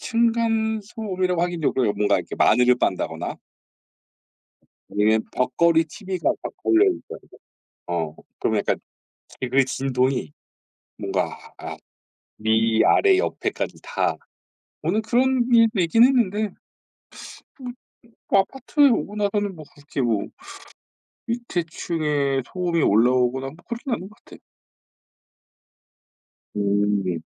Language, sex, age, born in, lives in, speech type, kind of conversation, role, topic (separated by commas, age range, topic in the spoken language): Korean, male, 40-44, South Korea, South Korea, conversation, unstructured, none, 운동이 정신 건강에 어떤 영향을 준다고 생각하시나요?